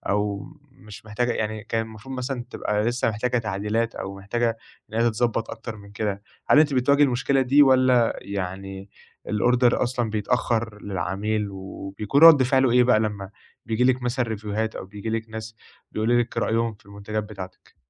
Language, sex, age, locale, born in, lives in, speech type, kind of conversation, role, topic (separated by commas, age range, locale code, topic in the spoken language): Arabic, male, 20-24, ar-EG, Egypt, Egypt, conversation, advice, advisor, إزاي أقدر أبدأ شغل بتركيز عميق لما الكمالية وخوفي من الفشل بيخلّوني أأجّل البداية؟